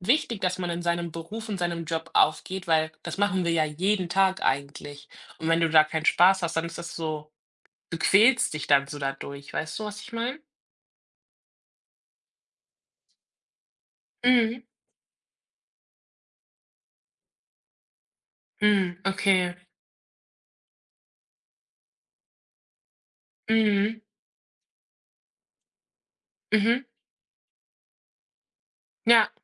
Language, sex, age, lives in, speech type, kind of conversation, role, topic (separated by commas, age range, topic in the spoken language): German, female, 20-24, Germany, conversation, unstructured, none, Was magst du an deinem Job am meisten?